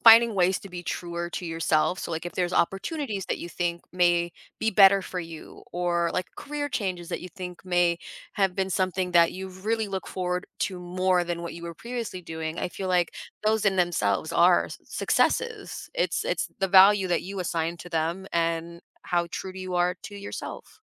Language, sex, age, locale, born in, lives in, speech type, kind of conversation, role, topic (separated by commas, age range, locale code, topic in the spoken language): English, female, 25-29, en-US, United States, United States, conversation, podcast, host, How do our personal values shape what we consider true success?